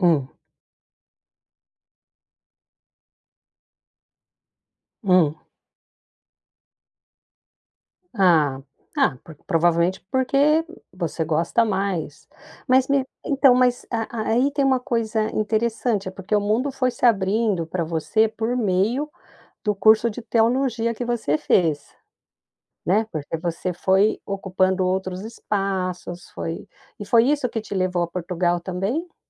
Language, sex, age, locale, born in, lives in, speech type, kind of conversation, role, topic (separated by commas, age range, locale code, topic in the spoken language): Portuguese, female, 55-59, pt-BR, Brazil, United States, conversation, podcast, host, Me conte sobre uma decisão da qual você ainda se arrepende?